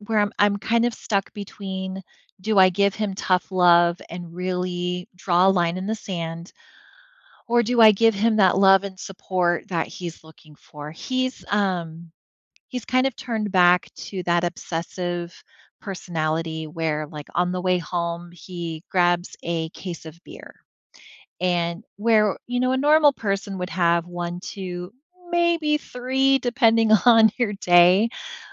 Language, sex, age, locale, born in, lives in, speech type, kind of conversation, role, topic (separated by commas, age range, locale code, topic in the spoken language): English, female, 40-44, en-US, United States, United States, conversation, advice, user, How do I talk to a family member who let me down and rebuild trust?